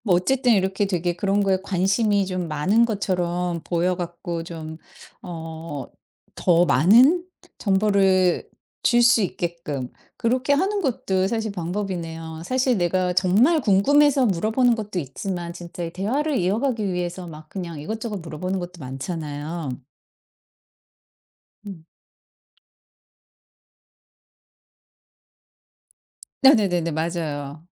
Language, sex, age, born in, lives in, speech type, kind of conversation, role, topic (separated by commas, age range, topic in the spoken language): Korean, female, 50-54, South Korea, United States, conversation, podcast, host, 새로운 사람과 빨리 친해지려면 어떤 질문을 하시나요?